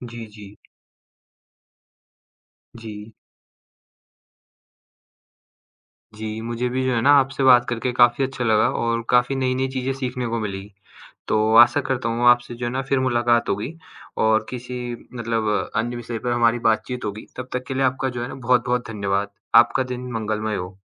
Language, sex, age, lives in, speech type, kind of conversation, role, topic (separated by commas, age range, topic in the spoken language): Hindi, male, 18-19, India, conversation, unstructured, none, आपकी ज़िंदगी में तकनीक ने कैसे बदलाव लाया है?